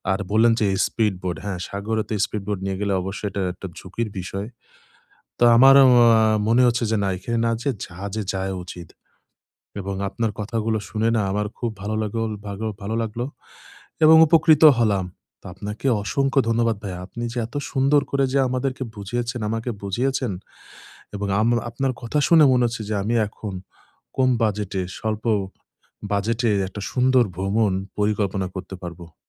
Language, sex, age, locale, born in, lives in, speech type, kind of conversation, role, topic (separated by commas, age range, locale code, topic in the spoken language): Bengali, male, 25-29, bn-BD, Bangladesh, Bangladesh, conversation, advice, user, স্বল্প বাজেট ও ব্যয়সীমার মধ্যে কম খরচে ভ্রমণ পরিকল্পনা কীভাবে করব?